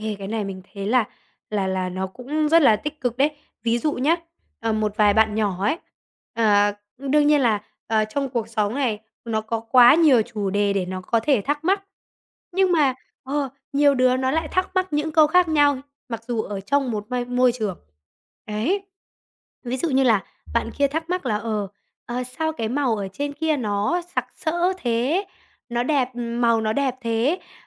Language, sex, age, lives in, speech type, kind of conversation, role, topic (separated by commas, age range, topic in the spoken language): Vietnamese, male, 20-24, Vietnam, conversation, podcast, guest, Hồi nhỏ, điều gì khiến bạn tò mò nhất?